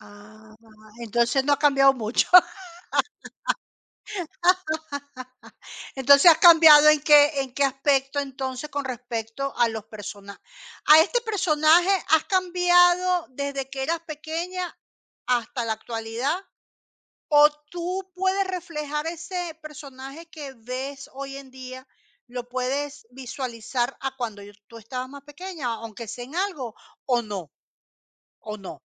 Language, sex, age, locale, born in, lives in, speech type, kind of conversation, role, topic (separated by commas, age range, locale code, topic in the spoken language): Spanish, female, 50-54, es-CL, Venezuela, Portugal, conversation, podcast, host, ¿Qué personaje de ficción sientes que te representa?